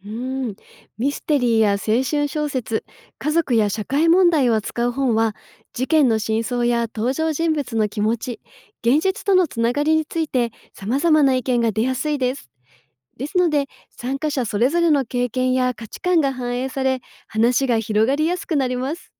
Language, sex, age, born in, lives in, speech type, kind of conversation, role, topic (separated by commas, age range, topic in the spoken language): Japanese, female, 35-39, Japan, Japan, read, expert-assistant, expert, 「文学作品について『感想』と『批評』はどう違うんですか？」